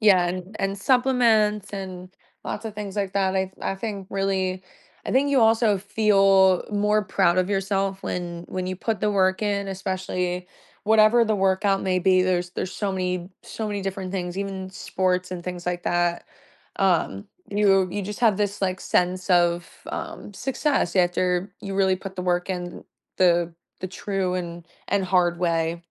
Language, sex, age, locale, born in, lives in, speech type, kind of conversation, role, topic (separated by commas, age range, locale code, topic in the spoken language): English, female, 25-29, en-US, United States, United States, conversation, podcast, guest, What are the key steps to building a safe and sustainable workout routine for beginners?